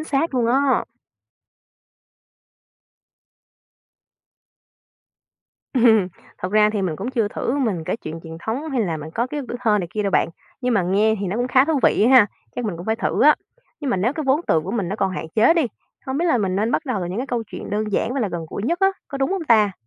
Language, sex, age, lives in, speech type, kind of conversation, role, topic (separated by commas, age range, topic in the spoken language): Vietnamese, female, 25-29, Vietnam, read, expert-assistant, asker, Nếu muốn duy trì tiếng của dân tộc mình trong gia đình, nên bắt đầu từ đâu và học như thế nào cho tự nhiên?